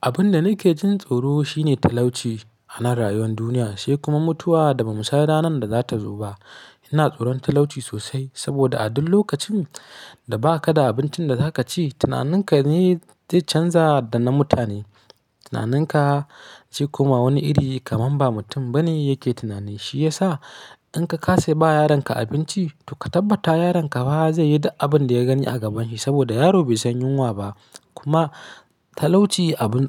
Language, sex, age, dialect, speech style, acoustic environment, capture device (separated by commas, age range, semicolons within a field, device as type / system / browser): Hausa, male, 25-34, Nigeria - Kano, happy, nature; silence, mobile / Linux / Chrome